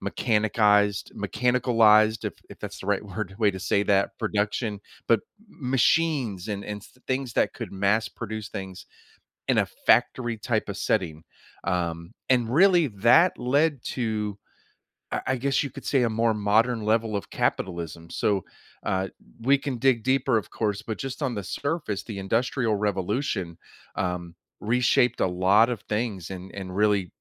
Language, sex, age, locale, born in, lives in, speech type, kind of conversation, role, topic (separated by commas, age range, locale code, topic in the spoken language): English, male, 45-49, en-US, United States, United States, conversation, podcast, guest, Why is it important to learn about key moments in history?